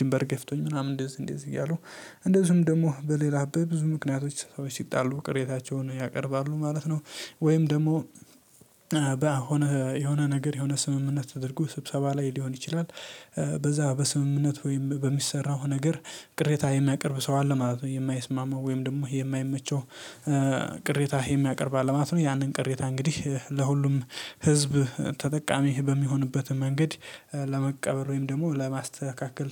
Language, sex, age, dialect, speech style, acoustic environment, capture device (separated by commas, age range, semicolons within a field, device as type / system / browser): Amharic, male, 18-24, Ethiopia - Addis Ababa, happy; focused, nature; silence, mobile / Linux / Chrome